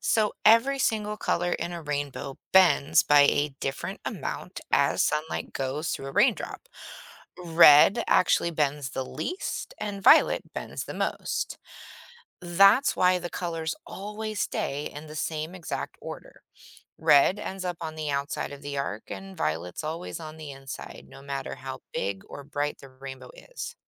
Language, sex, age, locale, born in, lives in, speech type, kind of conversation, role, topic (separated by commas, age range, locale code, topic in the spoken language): English, female, 40-44, en-US, United States, United States, read, expert-assistant, expert, What causes rainbows to appear in the sky?